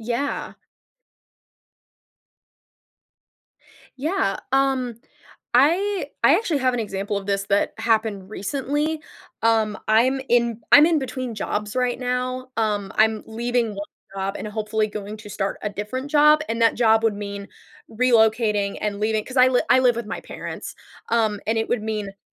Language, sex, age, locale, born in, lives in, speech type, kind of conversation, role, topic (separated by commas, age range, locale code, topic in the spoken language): English, female, 20-24, en-US, United States, United States, conversation, podcast, guest, How can families resolve conflict in healthy ways?